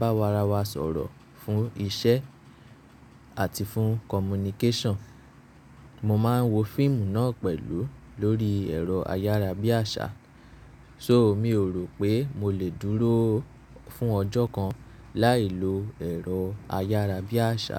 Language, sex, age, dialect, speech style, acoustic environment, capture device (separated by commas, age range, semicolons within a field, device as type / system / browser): Yoruba, male, 25-34, Nigeria - Nigerian Standard, nervous; focused; anxious; relaxed, nature; appliances; people talking, mobile / Linux / Chrome